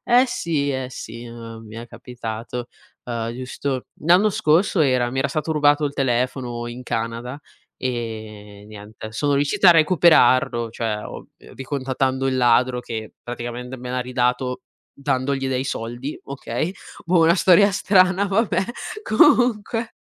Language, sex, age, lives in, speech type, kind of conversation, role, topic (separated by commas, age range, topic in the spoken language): Italian, female, 20-24, Italy, conversation, unstructured, none, Ti capita mai di guardare vecchie foto per rivivere il passato?